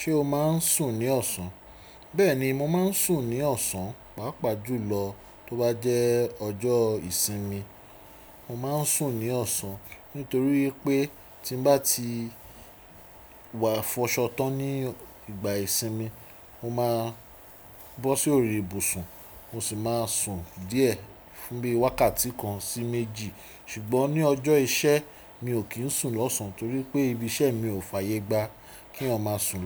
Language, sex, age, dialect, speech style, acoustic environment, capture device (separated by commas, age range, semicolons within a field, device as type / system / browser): Yoruba, male, 35-44, Nigeria - Lagos Yoruba, relaxed, silence, desktop / Windows / Chrome